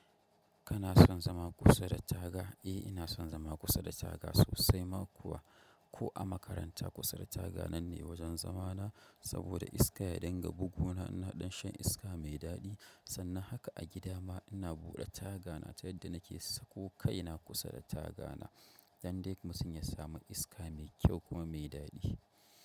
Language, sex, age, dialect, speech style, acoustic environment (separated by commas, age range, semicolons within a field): Hausa, male, 25-34, Nigeria - Kano, happy, nature